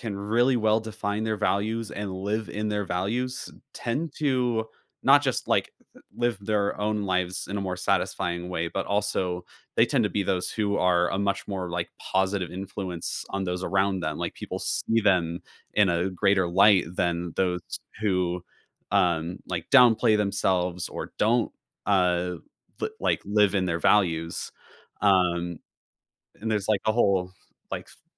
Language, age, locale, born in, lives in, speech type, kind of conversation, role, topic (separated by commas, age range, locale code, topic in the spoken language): English, 20-24, en-US, United States, United States, conversation, podcast, guest, What experiences and influences shape who we become over time?